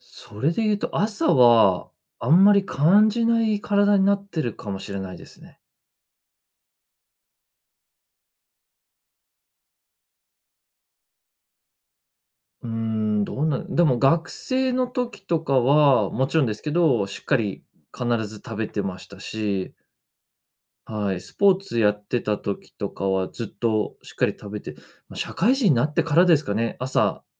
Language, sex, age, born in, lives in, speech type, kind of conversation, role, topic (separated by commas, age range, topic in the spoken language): Japanese, male, 30-34, Japan, Japan, conversation, advice, user, 仕事が忙しくて規則正しい食事ができないのですが、どうすればよいですか？